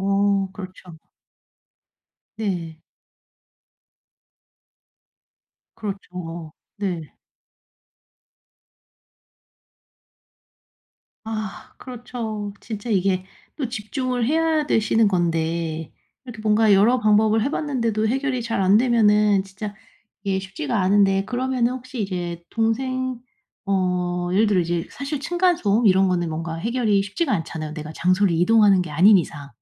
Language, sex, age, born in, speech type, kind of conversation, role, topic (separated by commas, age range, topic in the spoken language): Korean, female, 35-39, South Korea, conversation, advice, advisor, 작업 흐름을 방해받지 않으려면 어떻게 하면 좋을까요?